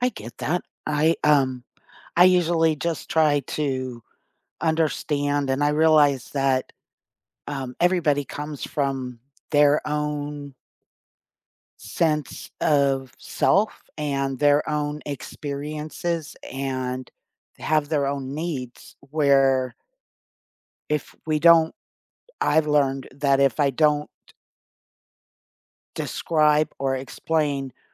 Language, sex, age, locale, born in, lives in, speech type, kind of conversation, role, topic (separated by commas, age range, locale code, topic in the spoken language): English, female, 55-59, en-US, United States, United States, conversation, unstructured, none, How can you learn from past relationships while staying open and free of emotional baggage?